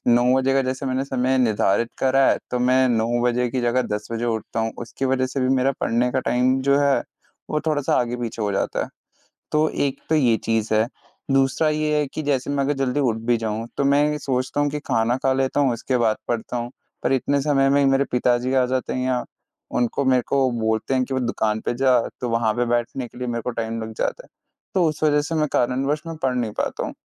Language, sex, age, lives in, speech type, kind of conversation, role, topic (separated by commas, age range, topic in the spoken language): Hindi, male, 20-24, India, conversation, advice, user, मुझे रोज़ाना पढ़ने की आदत डालने में असफलता क्यों महसूस होती है?